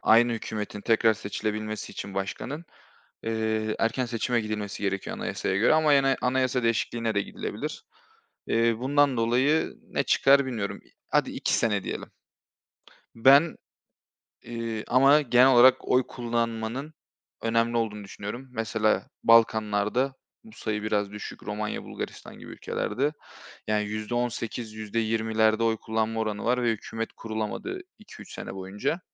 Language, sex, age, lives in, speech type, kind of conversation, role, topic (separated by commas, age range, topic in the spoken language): Turkish, male, 25-29, Bulgaria, conversation, unstructured, none, Sence herkesin oy kullanması neden önemli?